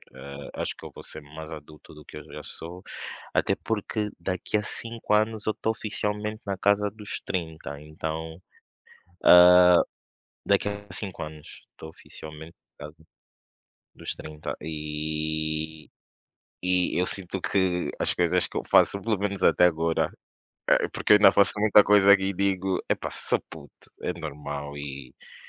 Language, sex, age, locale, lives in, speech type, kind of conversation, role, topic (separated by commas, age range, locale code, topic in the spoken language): Portuguese, male, 25-29, pt-PT, Portugal, conversation, unstructured, none, Como você imagina que será a sua vida daqui a cinco anos?